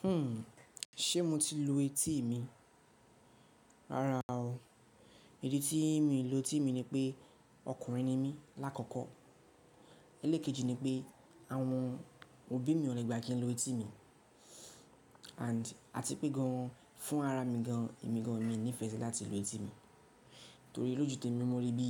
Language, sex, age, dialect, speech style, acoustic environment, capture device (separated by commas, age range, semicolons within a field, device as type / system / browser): Yoruba, male, 18-24, Nigeria - Lagos Yoruba, relaxed; focused, nature; silence; people talking, mobile / Linux / Chrome